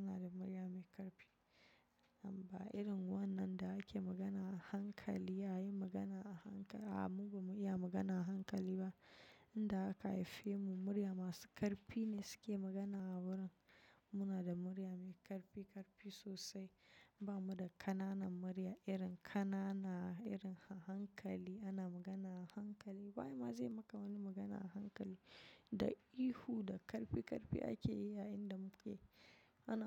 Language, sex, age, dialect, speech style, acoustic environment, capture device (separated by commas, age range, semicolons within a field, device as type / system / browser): Hausa, female, 25-34, Nigeria - Nigerian Standard, relaxed; focused, nature, mobile / macOS / Safari